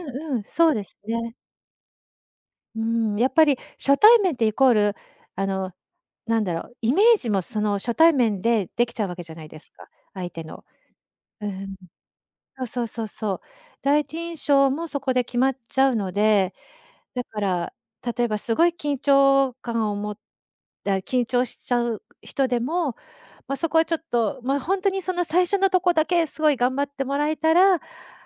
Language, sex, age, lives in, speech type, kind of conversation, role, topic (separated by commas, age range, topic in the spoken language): Japanese, female, 50-54, Japan, conversation, podcast, guest, 初対面で会話を切り出すとき、どんなふうに始めていますか？